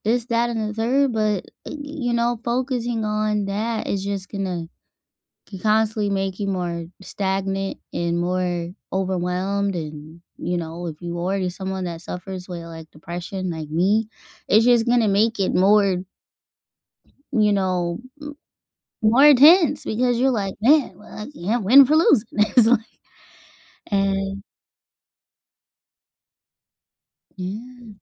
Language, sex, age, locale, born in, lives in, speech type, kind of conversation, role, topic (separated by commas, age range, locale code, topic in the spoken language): English, female, 30-34, en-US, United States, United States, conversation, unstructured, none, How do you usually cheer yourself up on a bad day?